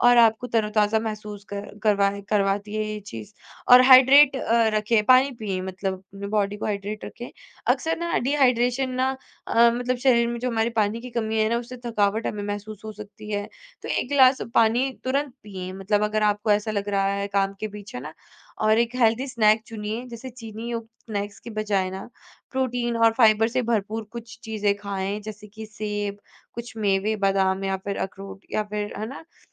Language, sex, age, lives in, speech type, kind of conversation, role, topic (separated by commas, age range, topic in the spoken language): Hindi, female, 20-24, India, conversation, podcast, guest, आप दिनभर ऊर्जा बनाए रखने के लिए क्या करते हैं?